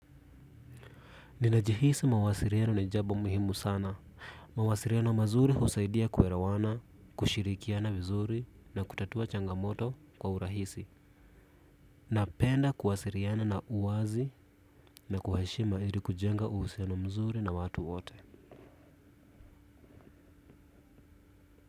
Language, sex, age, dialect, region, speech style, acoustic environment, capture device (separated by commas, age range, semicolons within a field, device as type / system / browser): Swahili, male, 25-34, Kenya - Nairobi Sheng-influenced, Kenya, relaxed; focused, silence, desktop / Windows / Chrome